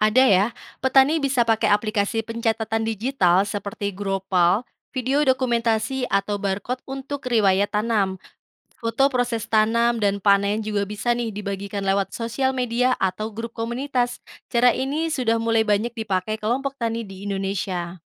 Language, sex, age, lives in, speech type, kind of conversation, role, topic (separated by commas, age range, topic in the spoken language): Indonesian, female, 25-29, Indonesia, read, expert-assistant, expert, Apa saja kelebihan dan kekurangan menerapkan pertanian organik dibandingkan dengan pertanian konvensional di Indonesia?